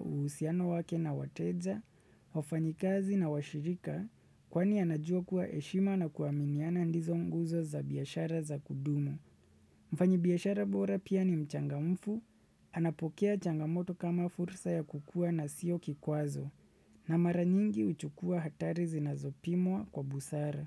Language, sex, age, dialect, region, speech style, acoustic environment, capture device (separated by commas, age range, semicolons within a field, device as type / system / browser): Swahili, male, 25-34, Kenya - Nairobi Sheng-influenced, Kenya, relaxed; focused, nature; silence, desktop / Windows / Chrome